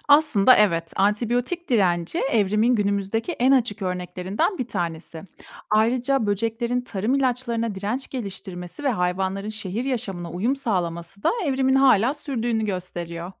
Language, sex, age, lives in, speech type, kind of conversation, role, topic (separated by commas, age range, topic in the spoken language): Turkish, female, 30-34, Germany, read, expert-assistant, expert, Evrim süreci hâlâ devam ediyor mu? Günümüzde kanıtları var mı?